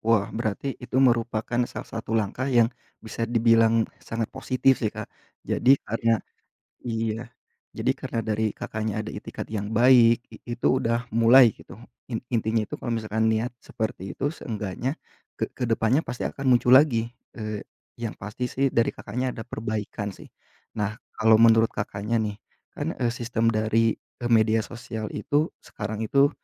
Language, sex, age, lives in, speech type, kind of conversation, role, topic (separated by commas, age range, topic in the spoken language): Indonesian, male, 30-34, Indonesia, conversation, podcast, host, Pernahkah kamu merasa kecanduan aplikasi tertentu, dan apa ceritanya?